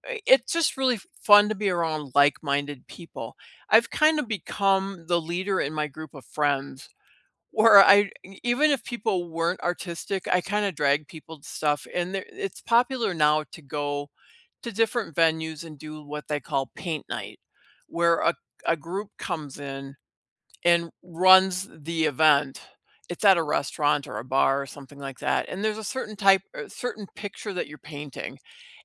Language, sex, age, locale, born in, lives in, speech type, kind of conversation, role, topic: English, female, 60-64, en-US, United States, United States, conversation, unstructured, none, Which childhood hobby would you love to revisit as an adult, and what memories still draw you to it?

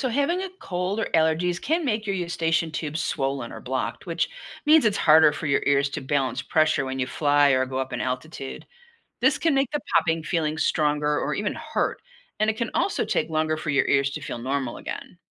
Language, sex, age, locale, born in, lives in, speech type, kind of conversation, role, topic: English, female, 60-64, en-US, United States, United States, read, expert-assistant, expert, Why do your ears pop when you go up a mountain or fly in a plane?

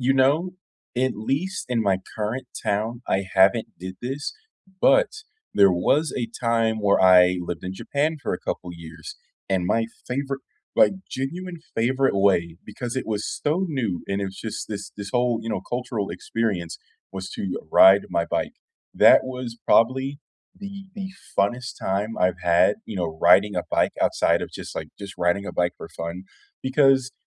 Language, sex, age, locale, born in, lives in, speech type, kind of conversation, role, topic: English, male, 25-29, en-US, United States, United States, conversation, unstructured, none, What is your favorite green, low-impact way to get around your town?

